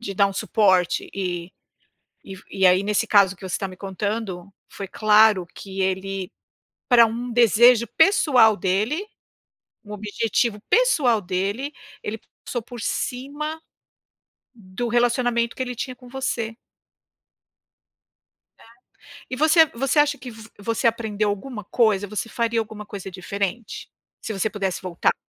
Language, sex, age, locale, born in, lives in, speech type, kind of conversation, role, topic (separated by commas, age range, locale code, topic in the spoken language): Portuguese, female, 55-59, pt-BR, Brazil, United States, conversation, unstructured, none, Qual foi a coisa mais injusta que já aconteceu com você?